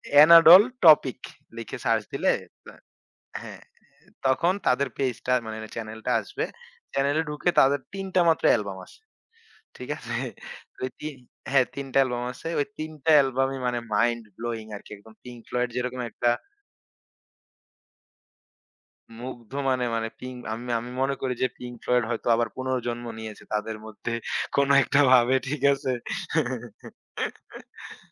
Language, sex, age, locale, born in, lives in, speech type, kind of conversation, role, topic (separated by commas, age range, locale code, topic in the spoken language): Bengali, male, 25-29, bn-BD, Bangladesh, Bangladesh, conversation, podcast, guest, কোন গানটি আপনার জীবনের কোনো বিশেষ মুহূর্তের কথা মনে করিয়ে দেয়?